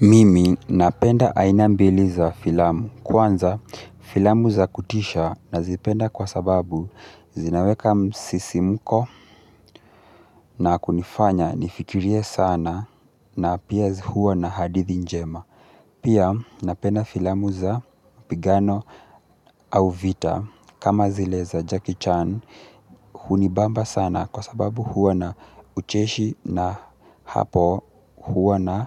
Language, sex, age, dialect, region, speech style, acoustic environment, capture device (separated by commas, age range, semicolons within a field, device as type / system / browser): Swahili, male, 18-24, Kenya - Mombasa, Kenya, relaxed; excited, silence; nature, mobile / Linux / Chrome